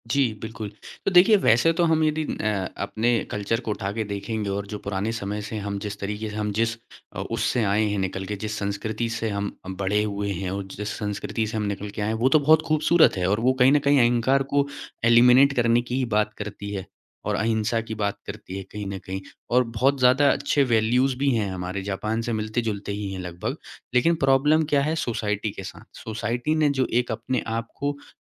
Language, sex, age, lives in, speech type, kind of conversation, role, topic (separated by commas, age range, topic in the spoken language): Hindi, male, 25-29, India, conversation, podcast, guest, Kaise apologies sincere lagti hain, bas “sorry” se aage?